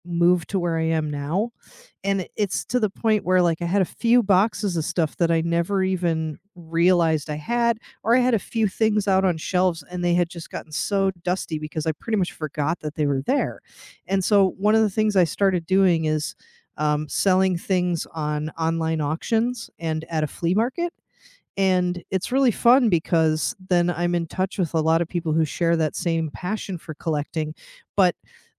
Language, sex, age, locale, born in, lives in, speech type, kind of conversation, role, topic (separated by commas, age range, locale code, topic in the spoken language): English, female, 40-44, en-US, United States, United States, conversation, unstructured, none, How has your idea of home changed since childhood, and what has shaped it?